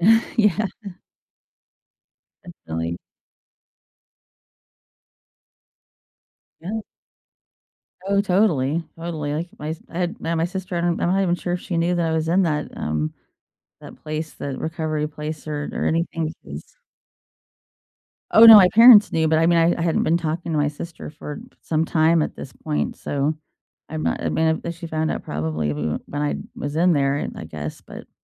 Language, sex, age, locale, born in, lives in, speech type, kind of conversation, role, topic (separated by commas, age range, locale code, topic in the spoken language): English, female, 40-44, en-US, United States, United States, conversation, unstructured, none, How can helping others make you feel happier?